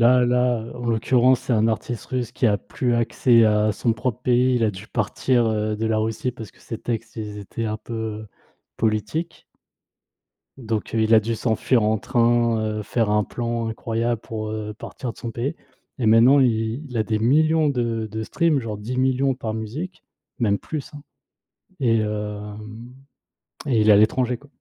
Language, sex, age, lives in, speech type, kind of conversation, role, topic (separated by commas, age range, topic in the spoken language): French, male, 30-34, France, conversation, unstructured, none, Quelle est ta chanson préférée en ce moment ?